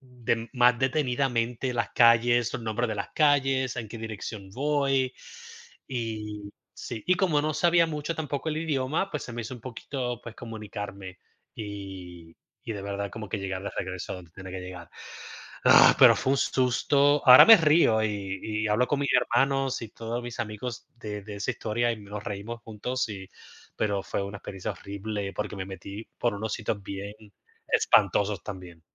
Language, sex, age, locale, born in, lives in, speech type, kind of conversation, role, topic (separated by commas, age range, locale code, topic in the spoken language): Spanish, male, 35-39, es-US, Puerto Rico, United States, conversation, unstructured, none, ¿Alguna vez te has perdido durante un viaje? ¿Qué pasó?